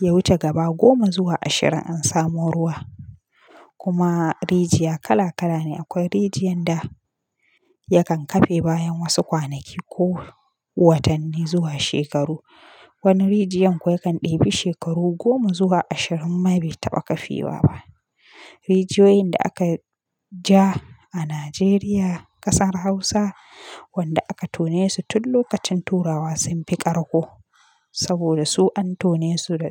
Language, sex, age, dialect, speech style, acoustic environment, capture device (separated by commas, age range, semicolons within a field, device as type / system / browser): Hausa, female, 25-34, Nigeria - Kano, relaxed, nature, mobile / Linux / Chrome